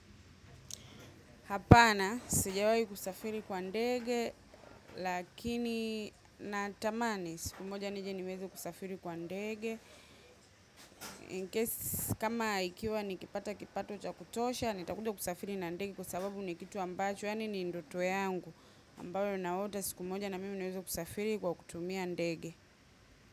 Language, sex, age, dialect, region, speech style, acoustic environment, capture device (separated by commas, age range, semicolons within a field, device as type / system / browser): Swahili, female, 25-34, Tanzania - Dar es Salaam, Tanzania, happy; focused, silence, mobile / macOS / Safari